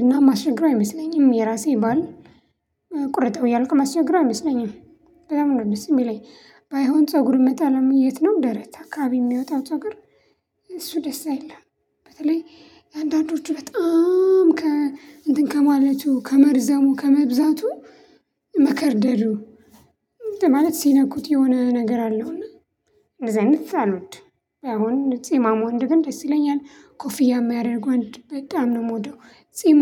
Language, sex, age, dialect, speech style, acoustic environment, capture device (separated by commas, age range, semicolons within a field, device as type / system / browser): Amharic, female, 25-34, Ethiopia - Addis Ababa, happy, silence, mobile / Linux / Chrome